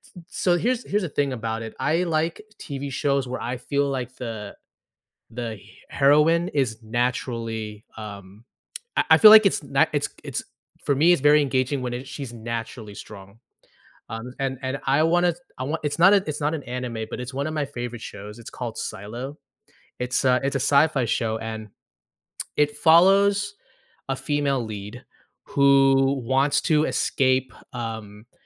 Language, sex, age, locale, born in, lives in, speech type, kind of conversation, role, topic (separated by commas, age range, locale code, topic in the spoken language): English, male, 35-39, en-US, United States, United States, conversation, podcast, host, How can a TV show shape your perspective or connect with your experiences?